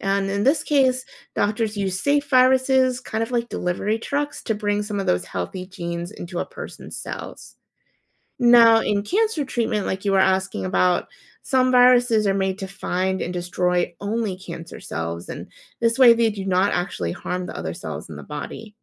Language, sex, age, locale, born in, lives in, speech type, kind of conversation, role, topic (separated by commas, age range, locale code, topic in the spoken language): English, female, 30-34, en-US, United States, United States, read, expert-assistant, expert, What are the main differences between bacteria and viruses?